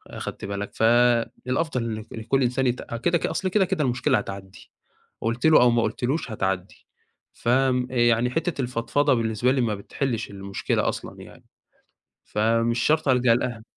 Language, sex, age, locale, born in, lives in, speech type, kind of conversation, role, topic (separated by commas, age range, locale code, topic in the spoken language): Arabic, male, 20-24, ar-EG, Egypt, Egypt, conversation, podcast, guest, إزاي بترجع نشاطك بعد أسبوع مُرهِق؟